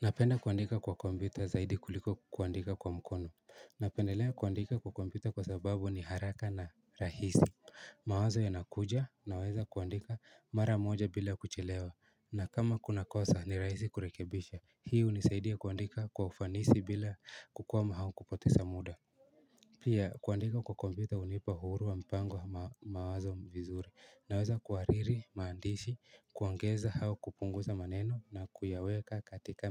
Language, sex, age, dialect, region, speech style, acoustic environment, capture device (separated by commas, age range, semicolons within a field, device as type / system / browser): Swahili, male, 18-24, Kenya - Nairobi Sheng-influenced, Kenya, anxious, silence, mobile / Linux / Chrome